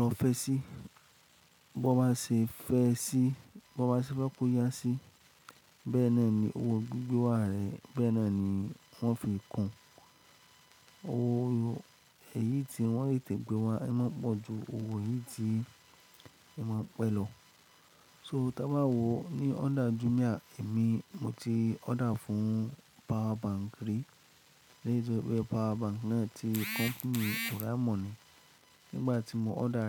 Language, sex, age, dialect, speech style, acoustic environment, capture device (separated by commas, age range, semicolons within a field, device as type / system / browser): Yoruba, male, 25-34, Nigeria - Lagos Yoruba, happy; excited, silence, mobile / Linux / Chrome